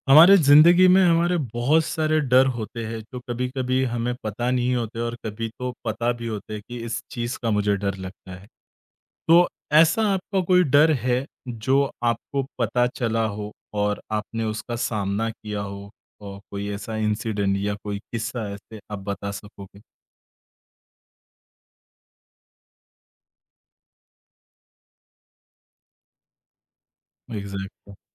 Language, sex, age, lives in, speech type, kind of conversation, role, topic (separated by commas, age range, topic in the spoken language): Hindi, male, 30-34, India, conversation, podcast, host, Kab tumne apne darr ka saamna kiya?